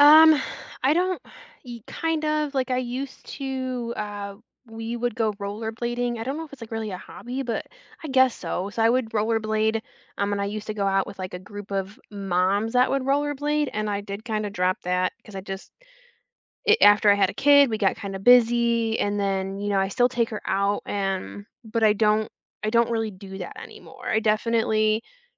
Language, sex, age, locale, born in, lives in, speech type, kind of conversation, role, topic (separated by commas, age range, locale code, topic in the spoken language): English, female, 30-34, en-US, United States, United States, conversation, unstructured, none, How can you convince someone to give a hobby a fair chance?